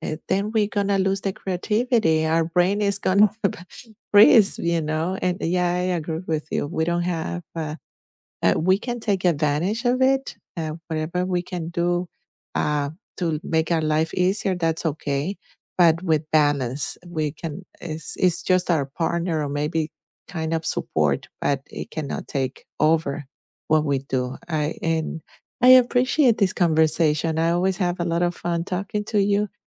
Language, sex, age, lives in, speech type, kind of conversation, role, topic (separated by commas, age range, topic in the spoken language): English, female, 50-54, United States, conversation, unstructured, none, How has technology made your day-to-day life easier?